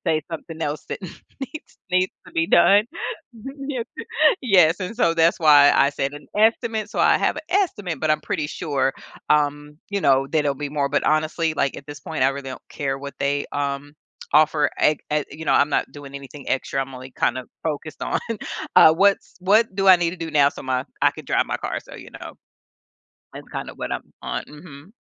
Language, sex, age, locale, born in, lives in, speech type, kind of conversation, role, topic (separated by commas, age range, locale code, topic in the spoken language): English, female, 45-49, en-US, United States, United States, conversation, advice, user, How can I manage mounting financial problems before they spiral out of control?